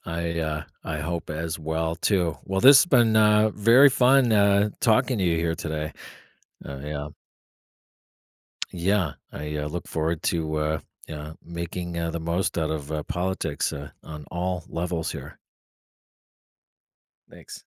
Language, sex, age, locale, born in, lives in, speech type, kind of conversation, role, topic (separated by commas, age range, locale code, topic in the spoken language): English, male, 45-49, en-US, United States, United States, conversation, podcast, guest, What motivates young people to become active in politics and how can they make a difference?